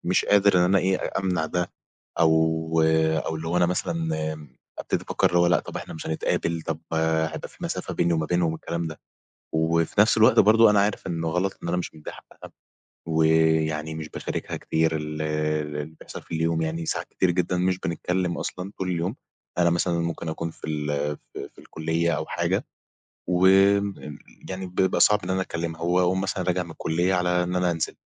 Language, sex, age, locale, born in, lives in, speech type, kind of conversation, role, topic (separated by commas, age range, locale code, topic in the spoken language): Arabic, male, 20-24, ar-EG, Egypt, Egypt, conversation, advice, user, إزاي أوازن بين وقتي مع شريكي ووقت أصحابي؟